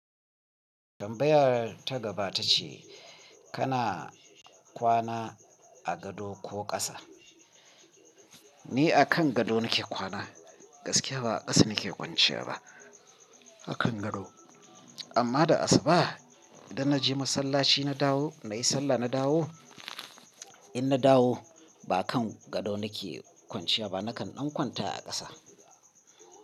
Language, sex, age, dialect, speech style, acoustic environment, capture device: Hausa, male, 35-44, Nigeria - Kano, happy, people talking, mobile / Linux / Chrome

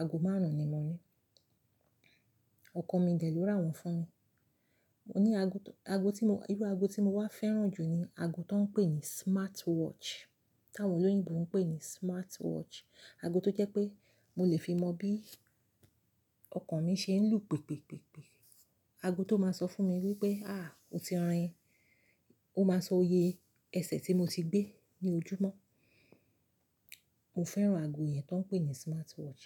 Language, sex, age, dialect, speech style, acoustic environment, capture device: Yoruba, female, 35-44, Nigeria - Lagos Yoruba, focused, silence, mobile / Linux / Chrome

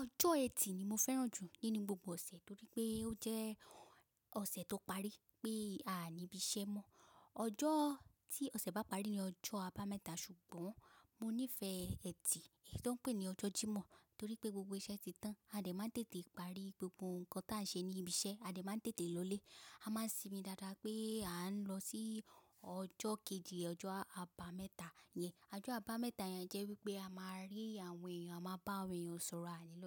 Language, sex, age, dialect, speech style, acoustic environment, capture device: Yoruba, female, 25-34, Nigeria - Lagos Yoruba, relaxed; focused, silence, mobile / macOS / Safari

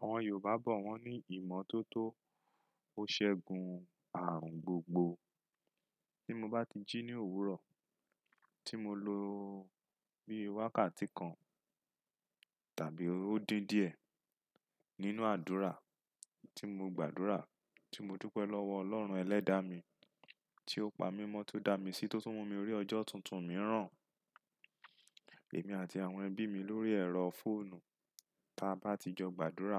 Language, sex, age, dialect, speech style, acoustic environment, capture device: Yoruba, male, 35-44, Nigeria - Lagos Yoruba, relaxed; focused, silence, desktop / Windows / Chrome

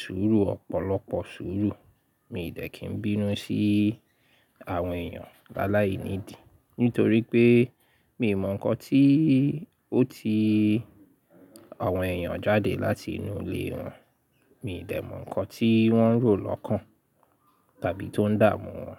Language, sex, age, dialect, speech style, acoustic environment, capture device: Yoruba, male, 35-44, Nigeria - Lagos Yoruba, excited; focused; happy, silence; nature, mobile / Linux / Chrome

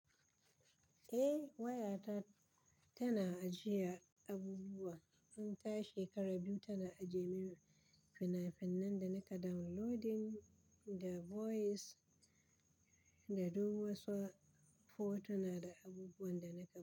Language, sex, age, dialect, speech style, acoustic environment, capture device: Hausa, female, 35-44, Nigeria - Kano, relaxed, silence, mobile / Linux / Chrome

